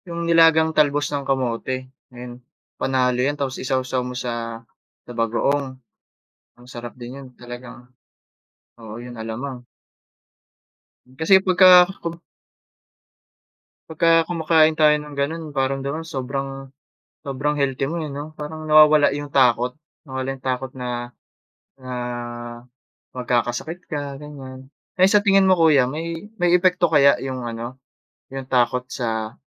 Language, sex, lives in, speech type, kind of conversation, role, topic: Filipino, male, Philippines, conversation, unstructured, none, Paano mo hinaharap ang takot na magkasakit dahil sa hindi malusog na pamumuhay?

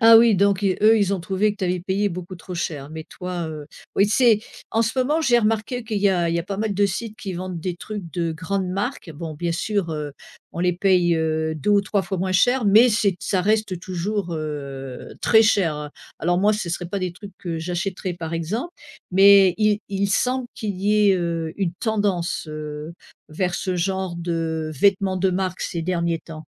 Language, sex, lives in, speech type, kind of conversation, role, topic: French, female, United States, conversation, podcast, host, Y a-t-il un vêtement qui te rend fier(e) ?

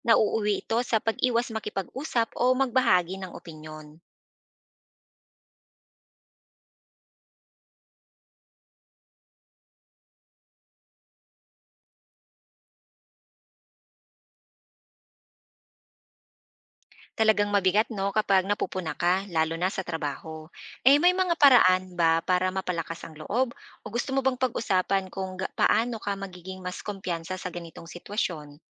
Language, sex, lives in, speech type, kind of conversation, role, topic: Filipino, female, Philippines, read, expert-assistant, expert, Pag may nagtanong kung mali bang maghalo ng Tagalog at Ingles, paano nga ba ito mas klarong ipapaliwanag at ano ang damdamin ng karamihan tungkol dito?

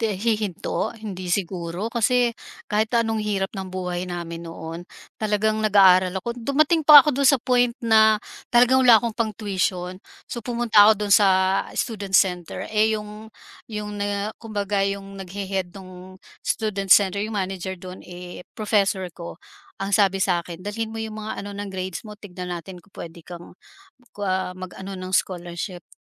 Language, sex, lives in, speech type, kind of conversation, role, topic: Filipino, female, Philippines, conversation, podcast, guest, Paano binibigyang-halaga ng inyong pamilya ang edukasyon, at bakit?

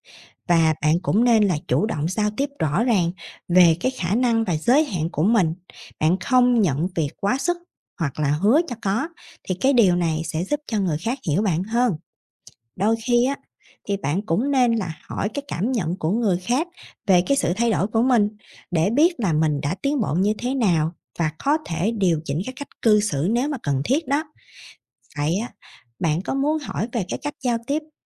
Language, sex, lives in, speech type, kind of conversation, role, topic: Vietnamese, female, Vietnam, read, expert-assistant, expert, Tại sao nên giữ lời hứa với người khác?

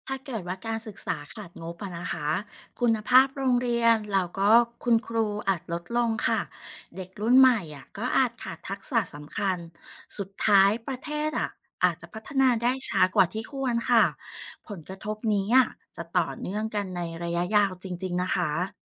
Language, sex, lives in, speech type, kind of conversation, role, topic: Thai, female, Thailand, read, expert-assistant, expert, ถ้าคุณเป็นนายกฯ แล้วมีงบจำกัด คุณจะเลือกใช้งบช่วยเหลือประชาชนด้านไหนก่อน?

